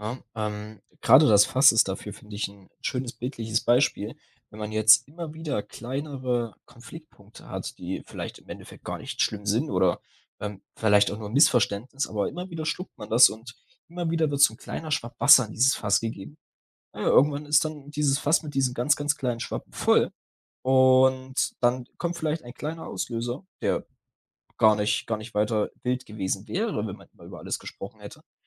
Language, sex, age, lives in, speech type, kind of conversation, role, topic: German, male, 20-24, Germany, conversation, podcast, host, Wie wurden Gefühle bei euch zuhause ausgedrückt?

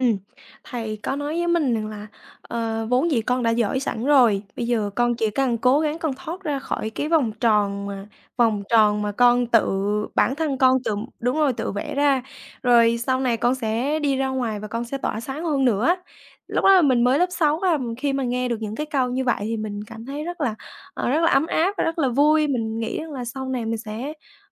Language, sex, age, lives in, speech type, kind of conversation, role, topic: Vietnamese, female, 20-24, Vietnam, conversation, podcast, guest, Bạn hãy kể về một thầy hoặc cô đã khiến bạn thay đổi như thế nào?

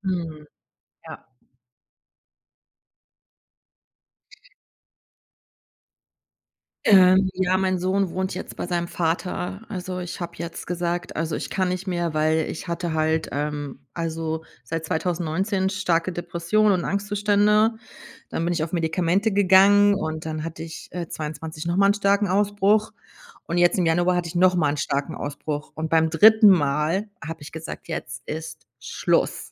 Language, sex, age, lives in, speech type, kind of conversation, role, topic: German, female, 45-49, Germany, conversation, advice, user, Wie erlebst du ständige Sorgen und Unsicherheit wegen einer unklaren Zukunft?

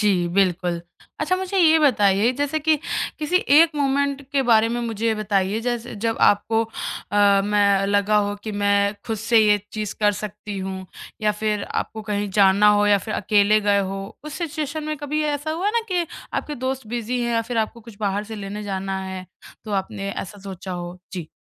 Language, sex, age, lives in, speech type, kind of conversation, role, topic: Hindi, male, 30-34, India, conversation, podcast, host, Solo travel ne tumhara self-confidence kaise badhaya?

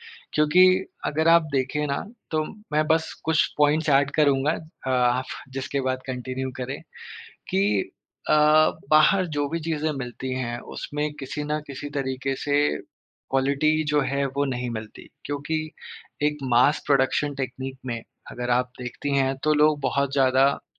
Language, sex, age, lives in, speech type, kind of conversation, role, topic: Hindi, male, 30-34, India, conversation, podcast, host, City life mein tum nature se apna connection kaise maintain karte ho?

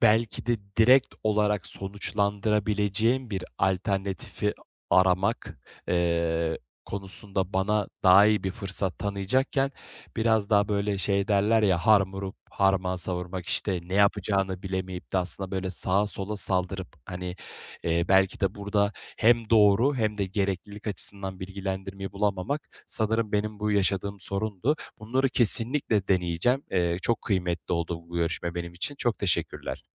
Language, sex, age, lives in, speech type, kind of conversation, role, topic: Turkish, male, 25-29, Bulgaria, conversation, advice, user, Değişime nasıl daha açık olabilirim ve yeni şeyleri denerken kendimi nasıl motive edebilirim?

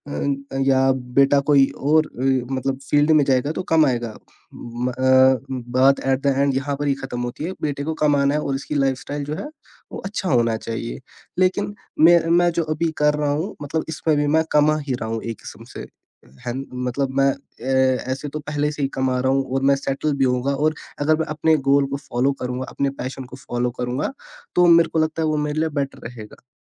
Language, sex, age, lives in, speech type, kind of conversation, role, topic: Hindi, male, 18-19, India, conversation, podcast, guest, Aap apne ghar walon ki ummeedon aur apne personal goals ko kaise balance karte hain?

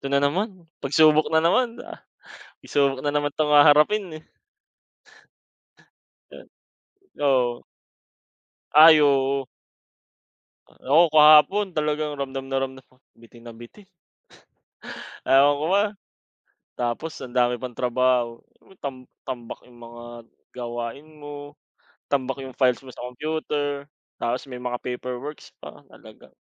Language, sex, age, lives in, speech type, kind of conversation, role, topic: Filipino, male, 25-29, Philippines, conversation, unstructured, none, Ano ang mga bagay na gustong-gusto mong gawin kapag nagbabakasyon?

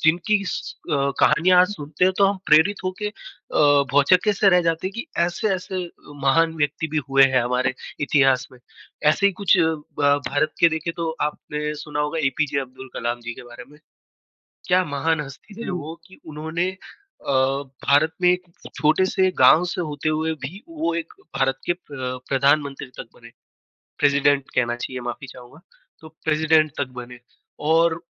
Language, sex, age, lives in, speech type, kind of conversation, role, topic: Hindi, female, 20-24, India, conversation, unstructured, none, इतिहास की कौन-सी कहानी तुम्हें सबसे ज़्यादा दिलचस्प लगती है?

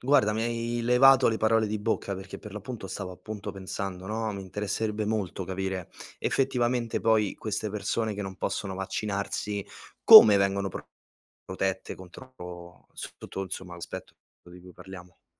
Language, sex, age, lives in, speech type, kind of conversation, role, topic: Italian, male, 25-29, Italy, read, expert-assistant, asker, Come funzionano i vaccini e perché sono utili?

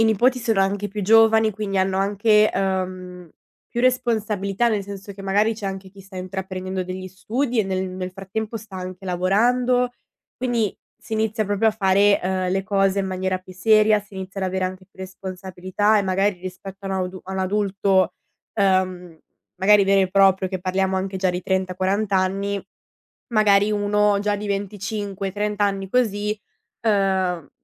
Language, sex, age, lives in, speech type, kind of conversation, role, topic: Italian, female, 20-24, Italy, conversation, advice, advisor, Che cosa significa per te assumerti la responsabilità di prenderti cura di un genitore anziano?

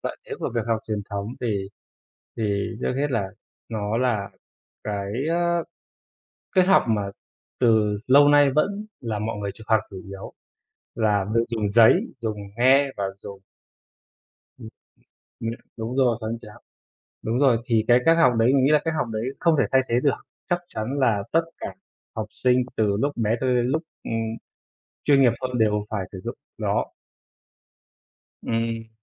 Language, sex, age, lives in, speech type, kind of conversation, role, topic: Vietnamese, male, 25-29, Vietnam, conversation, unstructured, none, Bạn nghĩ thế nào về việc học trực tuyến so với học truyền thống?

